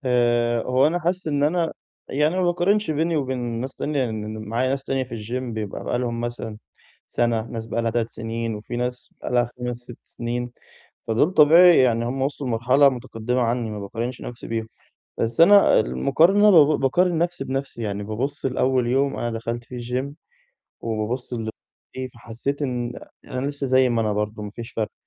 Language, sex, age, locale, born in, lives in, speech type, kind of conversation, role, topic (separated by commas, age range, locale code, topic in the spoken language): Arabic, male, 20-24, ar-EG, Egypt, Egypt, conversation, advice, user, إزاي أفضل ملتزم بالتمرين لما التقدم يبقى بطيّء؟